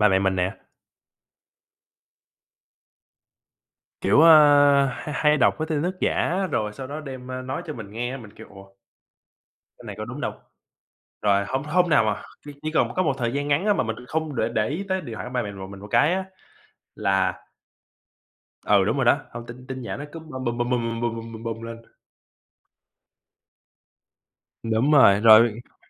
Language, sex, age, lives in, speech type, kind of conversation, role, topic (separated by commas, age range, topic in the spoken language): Vietnamese, male, 25-29, United States, conversation, unstructured, none, Làm thế nào để phân biệt tin thật và tin giả trong bối cảnh hiện nay?